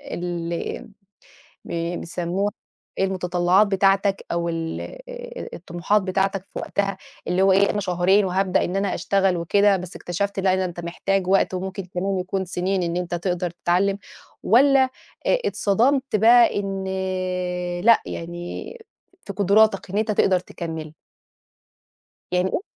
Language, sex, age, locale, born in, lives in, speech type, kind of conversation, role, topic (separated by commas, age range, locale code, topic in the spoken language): Arabic, female, 30-34, ar-EG, Egypt, Portugal, conversation, advice, advisor, إزاي أتابع تقدّمي ناحية أهدافي لو مش شايف/ة نتايج واضحة؟